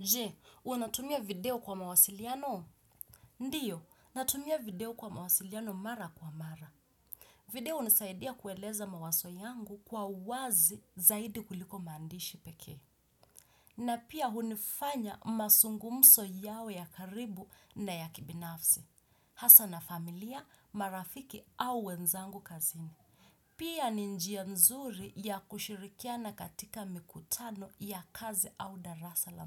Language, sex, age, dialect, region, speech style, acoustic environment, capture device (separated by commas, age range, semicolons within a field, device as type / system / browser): Swahili, female, 25-34, Kenya - Mombasa, Kenya, stressed, silence, desktop / Windows / Chrome